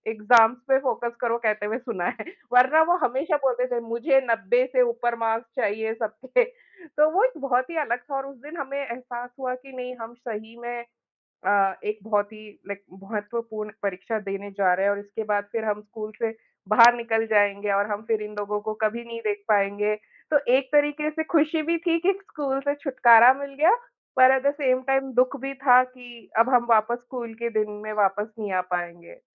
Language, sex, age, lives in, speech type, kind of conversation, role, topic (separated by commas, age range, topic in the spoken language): Hindi, female, 35-39, India, conversation, unstructured, none, आपका बचपन कैसा था?